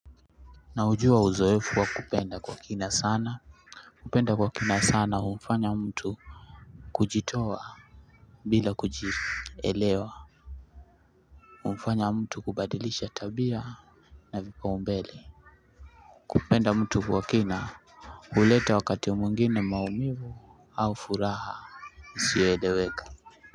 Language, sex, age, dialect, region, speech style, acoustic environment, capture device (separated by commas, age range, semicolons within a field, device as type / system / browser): Swahili, male, 25-34, Kenya - Mombasa, Kenya, anxious; excited; nervous, people talking; appliances; music; nature, desktop / Linux / Chrome